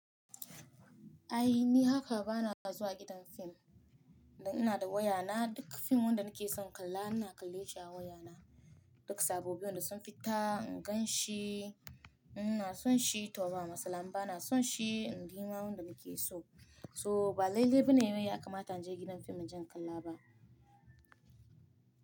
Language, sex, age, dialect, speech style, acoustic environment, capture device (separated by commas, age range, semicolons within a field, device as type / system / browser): Hausa, female, 25-34, Nigeria - Nigerian Standard, happy, silence, mobile / Linux / Chrome